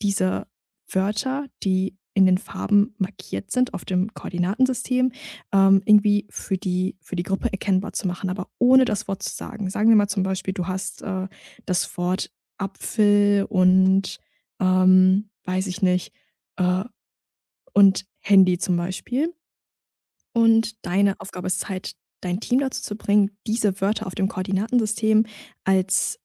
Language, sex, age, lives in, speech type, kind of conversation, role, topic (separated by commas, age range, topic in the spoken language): German, female, 20-24, Germany, conversation, podcast, guest, Wie bereitest du ein entspanntes Dinner für Freunde vor?